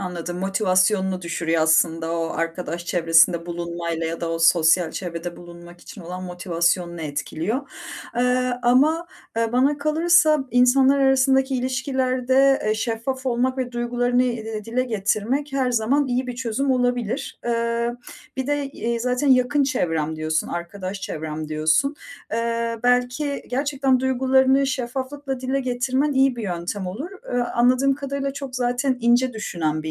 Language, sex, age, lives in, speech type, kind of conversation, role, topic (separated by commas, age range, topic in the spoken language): Turkish, female, 35-39, Netherlands, conversation, advice, advisor, Arkadaşımın randevuları sürekli iptal etmesi beni hayal kırıklığına uğratıyorsa ne yapmalıyım?